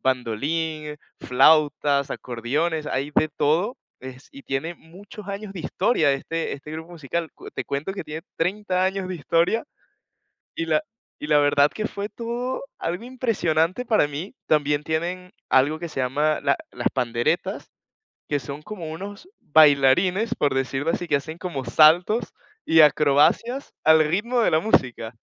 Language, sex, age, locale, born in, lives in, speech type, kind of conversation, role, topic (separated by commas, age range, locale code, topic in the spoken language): Spanish, male, 20-24, es-VE, Venezuela, Portugal, conversation, podcast, guest, ¿Cómo descubriste tu pasión creativa?